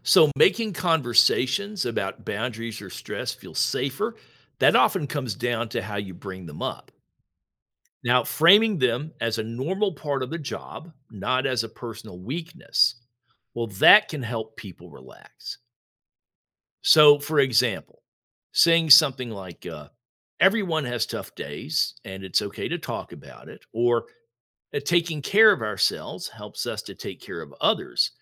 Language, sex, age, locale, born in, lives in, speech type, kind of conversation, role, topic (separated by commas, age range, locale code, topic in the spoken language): English, male, 60-64, en-US, United States, United States, read, expert-assistant, expert, How can clinicians balance empathy with professional boundaries?